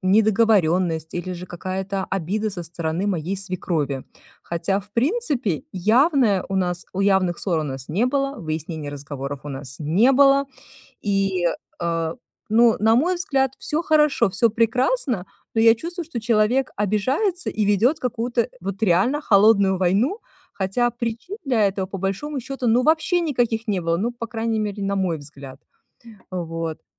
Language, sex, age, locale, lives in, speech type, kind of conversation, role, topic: Russian, female, 40-44, ru-RU, United States, conversation, advice, user, Как поступить, если семья диктует мне личные решения и выдвигает требования?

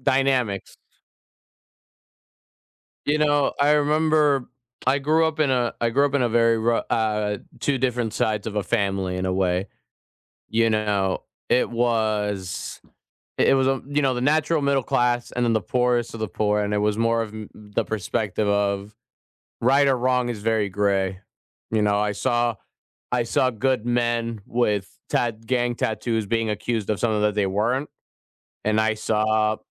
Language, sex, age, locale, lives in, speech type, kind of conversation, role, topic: English, male, 20-24, en-US, United States, conversation, unstructured, none, How do you decide what is right or wrong?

